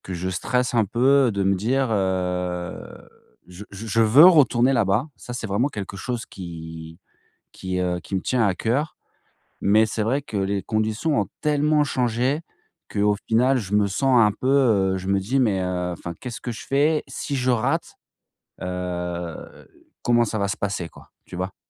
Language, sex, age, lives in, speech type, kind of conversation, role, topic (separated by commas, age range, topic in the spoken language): French, male, 40-44, France, conversation, advice, user, Comment prendre une décision quand l’avenir est incertain et que je suis très stressé(e) ?